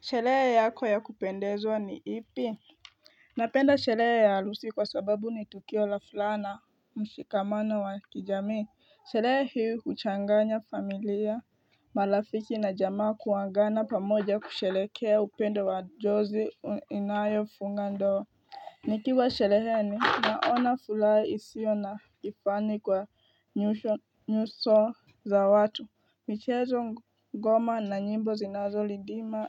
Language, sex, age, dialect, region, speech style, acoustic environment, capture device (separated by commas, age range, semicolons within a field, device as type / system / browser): Swahili, female, 18-24, Kenya - Nairobi Sheng-influenced, Kenya, tired, nature, mobile / Linux / Chrome